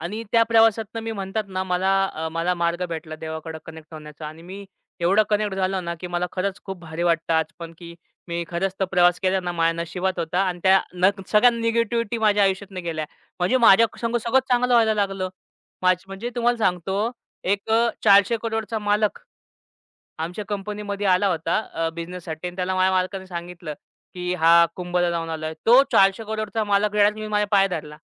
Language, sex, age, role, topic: Marathi, male, 25-29, guest, एका प्रवासानं तुमचं जग कसं बदललं?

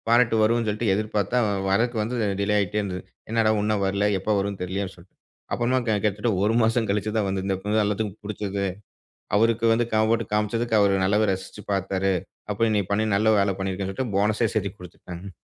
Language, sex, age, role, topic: Tamil, male, 35-39, guest, வீடியோவுக்கான ஒரு நல்ல கதையை இயல்பாகவும் மனதை ஈர்க்கும்வகையிலும் எப்படி உருவாக்கலாம்?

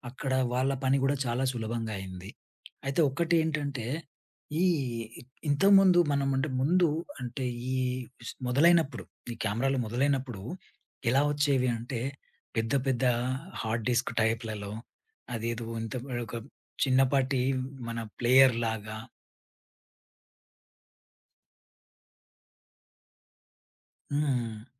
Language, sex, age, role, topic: Telugu, male, 30-34, guest, ఇంట్లో కెమెరాలు పెట్టుకోవడం గురించి మీ అభిప్రాయం ఏమిటి?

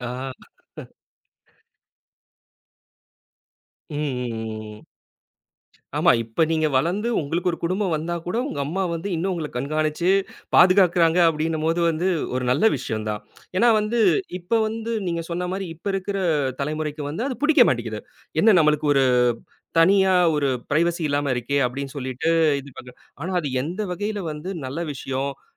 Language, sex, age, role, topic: Tamil, male, 30-34, host, ஒரு நண்பரின் உதவி உங்கள் வாழ்க்கையை எப்படிக் கட்டமைத்தது?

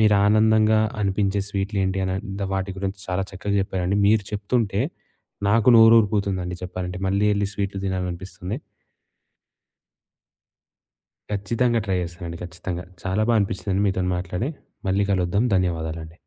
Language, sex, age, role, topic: Telugu, male, 20-24, host, పండుగ సమయంలో మీకు ఇష్టమైన తీపి వంటకం లేదా పానీయం ఏది?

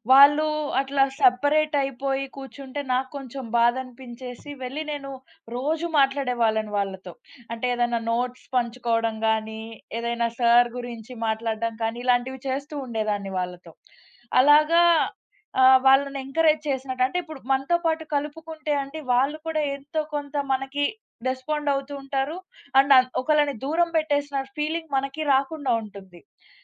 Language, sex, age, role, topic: Telugu, female, 20-24, guest, కొత్తవారికి మొదటి రోజున మీరు ఇచ్చే సూచనలు ఏమిటి?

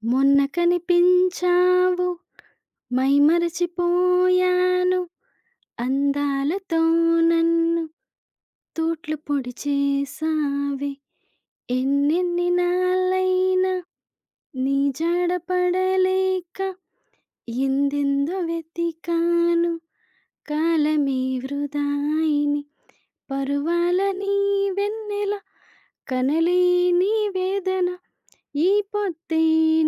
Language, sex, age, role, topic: Telugu, female, 30-34, guest, మీకు ఇష్టమైన పాట ఏది?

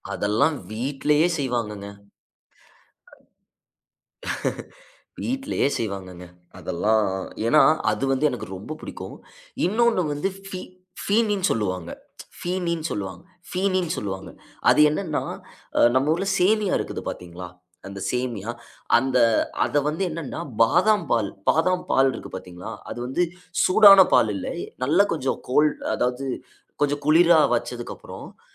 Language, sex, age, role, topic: Tamil, male, 25-29, guest, தீபாவளி போன்ற விழாக்களில் உணவுப் பழக்கவழக்கங்களும் சடங்குகளும் எப்படி இருக்கின்றன?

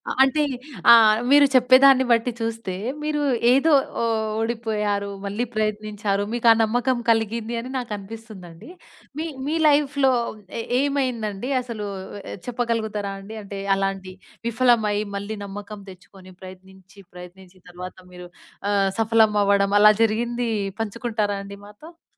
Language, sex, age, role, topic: Telugu, female, 20-24, host, ప్రయత్నాలు విఫలమైనా నమ్మకాన్ని ఎలా నిలుపుకోవాలి?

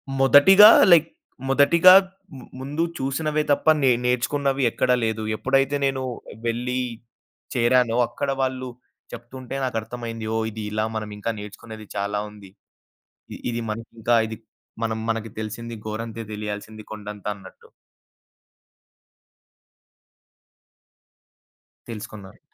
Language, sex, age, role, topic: Telugu, male, 20-24, guest, సృజనాత్మక పనిలో మీ వ్యక్తిగత గుర్తింపు మీకు ఎంత ముఖ్యంగా అనిపిస్తుంది?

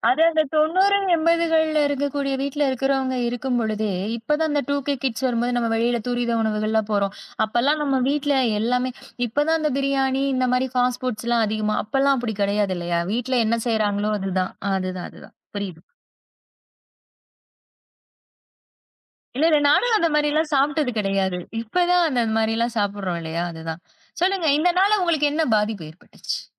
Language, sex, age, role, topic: Tamil, female, 30-34, host, உங்கள் ஆரோக்கியத்தில் மாற்றங்கள் செய்த பிறகு உங்கள் வாழ்க்கை எப்படி மாறியது?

